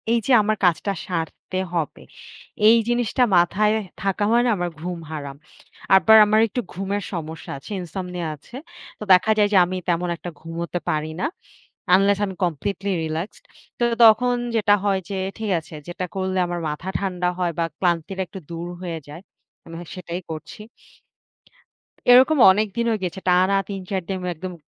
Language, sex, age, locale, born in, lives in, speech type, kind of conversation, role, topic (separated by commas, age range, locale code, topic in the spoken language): Bengali, female, 20-24, bn-BD, Bangladesh, Bangladesh, conversation, unstructured, none, তোমার জীবনে সবচেয়ে বড় কোন লক্ষ্য পূরণ করতে তুমি সবচেয়ে বেশি আগ্রহী?